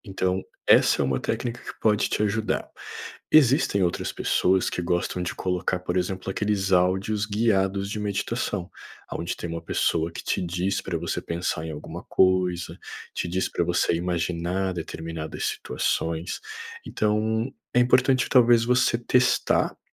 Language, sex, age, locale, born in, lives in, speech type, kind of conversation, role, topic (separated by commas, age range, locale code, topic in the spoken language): Portuguese, male, 30-34, pt-BR, Brazil, Portugal, conversation, advice, advisor, Como posso ajudar a minha família a lidar com o estresse da mudança e a manter rotinas saudáveis?